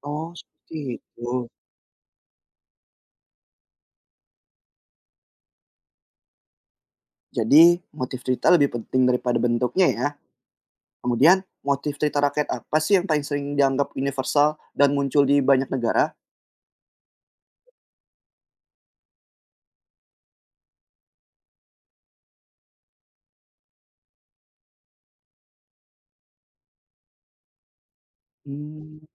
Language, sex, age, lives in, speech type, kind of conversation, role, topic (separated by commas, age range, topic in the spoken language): Indonesian, male, 25-29, Indonesia, read, expert-assistant, asker, Apa maksudnya kalau dikatakan ada 'hubungan keluarga' antara cerita rakyat dari berbagai negara?